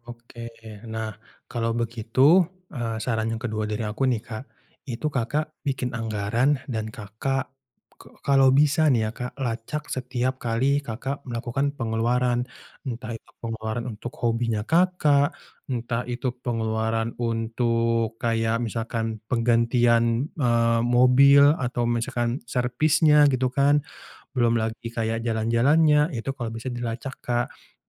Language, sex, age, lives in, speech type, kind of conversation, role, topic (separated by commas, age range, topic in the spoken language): Indonesian, male, 25-29, Indonesia, conversation, advice, advisor, Bagaimana cara menabung untuk uang muka rumah atau mobil jika saya kesulitan menyisihkannya?